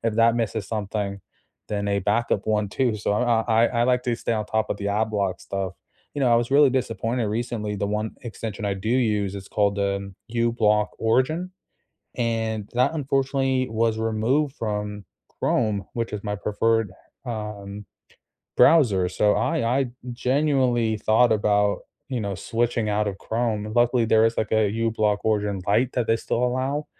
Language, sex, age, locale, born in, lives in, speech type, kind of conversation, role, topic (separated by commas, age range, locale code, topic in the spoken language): English, male, 25-29, en-US, United States, United States, conversation, unstructured, none, What underrated browser extensions do you use that save you time every day?